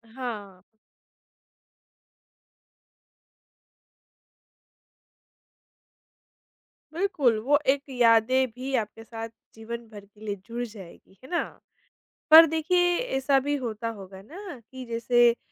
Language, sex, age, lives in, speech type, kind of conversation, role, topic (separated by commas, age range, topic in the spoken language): Hindi, female, 25-29, India, conversation, podcast, host, आप अपने घर की पारंपरिक रेसिपी दूसरों से कब और कैसे साझा करते हैं, इसकी कहानी क्या है?